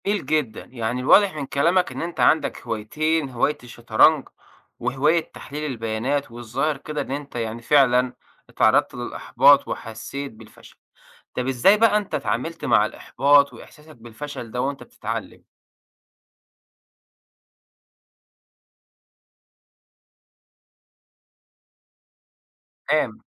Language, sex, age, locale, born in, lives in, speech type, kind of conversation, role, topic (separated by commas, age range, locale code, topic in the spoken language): Arabic, male, 25-29, ar-EG, Egypt, Egypt, conversation, podcast, host, إزاي بتتعامل مع إحساس الفشل وإنت بتتعلم حاجة جديدة؟